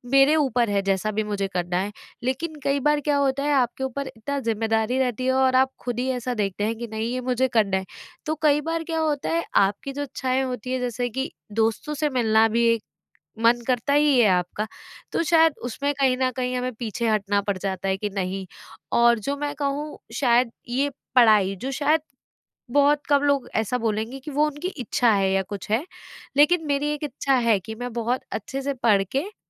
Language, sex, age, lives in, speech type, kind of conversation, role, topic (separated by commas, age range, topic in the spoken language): Hindi, female, 25-29, India, conversation, advice, user, मैं अपनी व्यक्तिगत इच्छाओं और पारिवारिक जिम्मेदारियों के बीच संतुलन कैसे बनाऊँ?